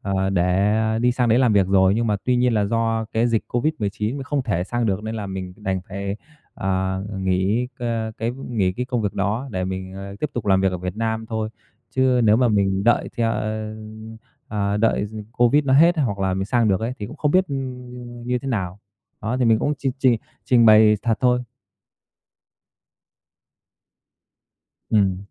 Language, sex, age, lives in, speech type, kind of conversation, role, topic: Vietnamese, male, 30-34, Vietnam, conversation, podcast, guest, Lần phỏng vấn nào là đáng nhớ nhất đối với bạn?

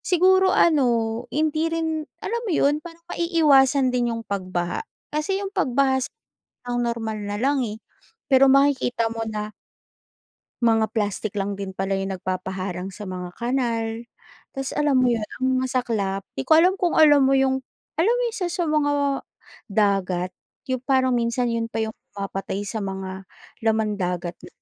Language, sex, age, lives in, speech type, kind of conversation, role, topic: Filipino, female, 35-39, Philippines, conversation, podcast, host, Ano ang palagay mo sa labis na paggamit ng plastik sa ating bansa?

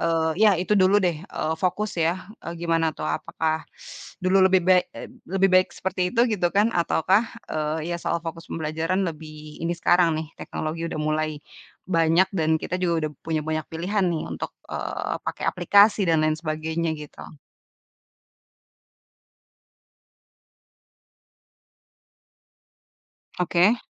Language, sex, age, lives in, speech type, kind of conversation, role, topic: Indonesian, female, 30-34, Indonesia, conversation, podcast, host, Bagaimana sebaiknya teknologi digunakan dalam pembelajaran di kelas?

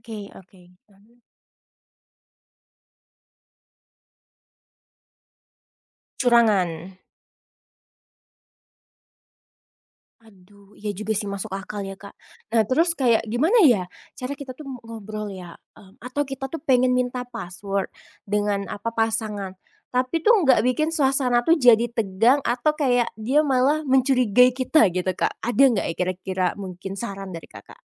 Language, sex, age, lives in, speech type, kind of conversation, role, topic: Indonesian, female, 25-29, Indonesia, conversation, podcast, host, Kapan sebaiknya pasangan saling berbagi kata sandi?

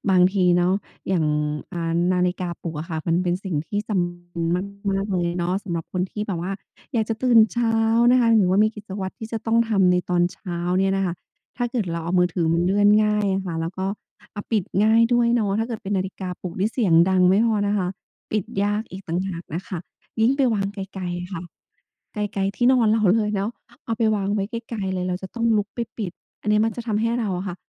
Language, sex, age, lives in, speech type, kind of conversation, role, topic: Thai, female, 35-39, Thailand, conversation, advice, advisor, ทำอย่างไรให้ตื่นเช้าเป็นนิสัยได้ ทั้งที่มักกดเลื่อนนาฬิกาปลุกทุกเช้า?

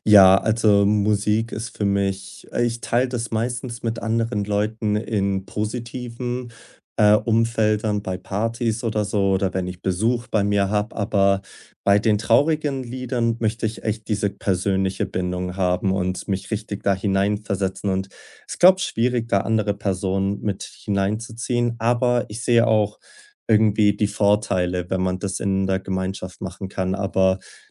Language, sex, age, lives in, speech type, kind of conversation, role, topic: German, male, 20-24, Germany, conversation, podcast, guest, Welcher Song hat dir schon einmal durch eine schwere Zeit geholfen?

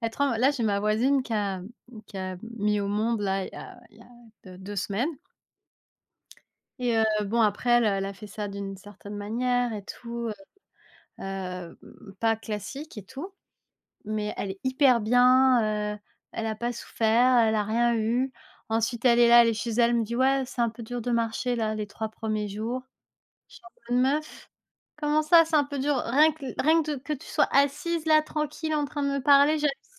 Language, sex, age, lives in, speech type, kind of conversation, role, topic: French, female, 30-34, France, conversation, unstructured, none, Comment gérez-vous les sentiments de tristesse sans raison précise ?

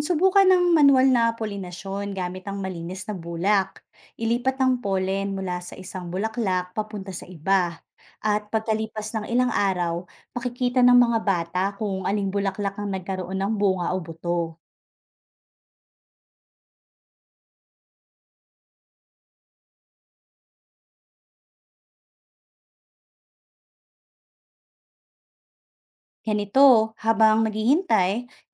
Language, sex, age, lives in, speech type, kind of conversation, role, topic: Filipino, female, 35-39, Philippines, read, expert-assistant, expert, Paano matututuhan ng mga bata ang ugnayan ng halaman, hayop, at insekto sa bakuran?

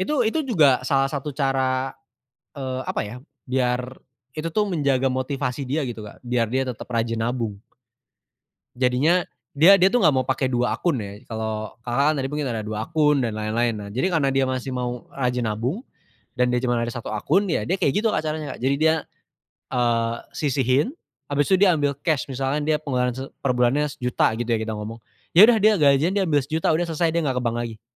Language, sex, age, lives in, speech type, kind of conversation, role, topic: Indonesian, male, 20-24, Indonesia, conversation, unstructured, none, Apa hal yang paling menantang dalam mengatur keuangan pribadi?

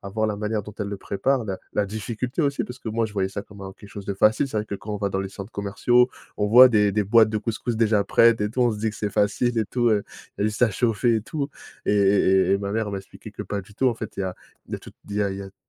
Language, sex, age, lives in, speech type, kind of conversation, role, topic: French, male, 30-34, France, conversation, podcast, guest, Quel est le plat qui te rappelle ton enfance ?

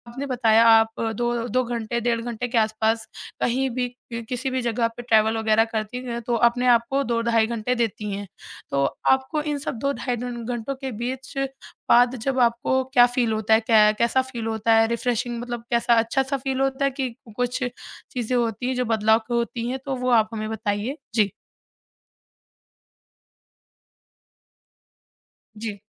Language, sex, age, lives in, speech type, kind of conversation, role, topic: Hindi, male, 30-34, India, conversation, podcast, host, Travel ke dauran apni maansik sehat ka khayal kaise rakhte ho?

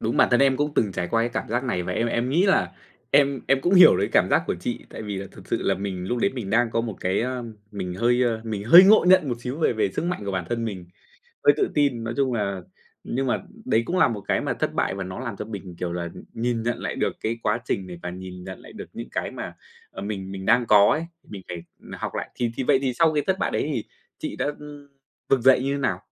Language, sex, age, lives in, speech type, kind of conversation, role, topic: Vietnamese, male, 25-29, Vietnam, conversation, podcast, host, Bạn có thể kể về một lần bạn thất bại và bạn đã học được điều gì từ đó không?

